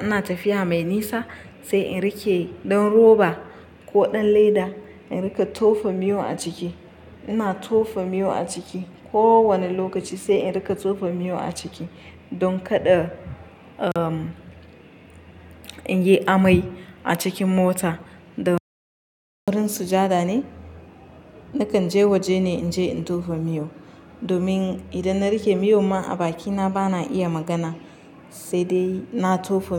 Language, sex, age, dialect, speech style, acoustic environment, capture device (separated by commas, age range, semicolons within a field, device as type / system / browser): Hausa, female, 25-34, Nigeria - Nigerian Standard, relaxed, nature, mobile / Linux / Chrome